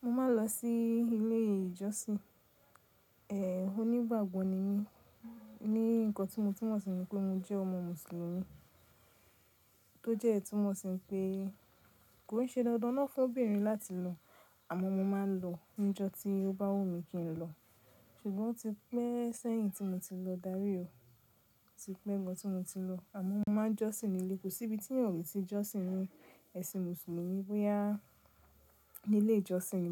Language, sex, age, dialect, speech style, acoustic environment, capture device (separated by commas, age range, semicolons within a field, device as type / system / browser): Yoruba, female, 25-34, Nigeria - Nigerian Standard, happy, nature, mobile / Linux / Chrome